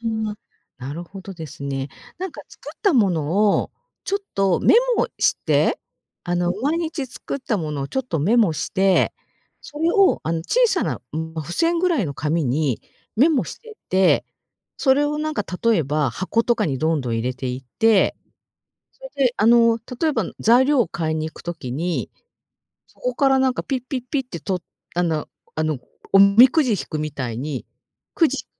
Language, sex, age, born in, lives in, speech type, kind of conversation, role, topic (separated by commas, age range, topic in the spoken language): Japanese, female, 50-54, Japan, Japan, conversation, advice, advisor, 健康的な食事を続けるモチベーションが下がったのはなぜですか？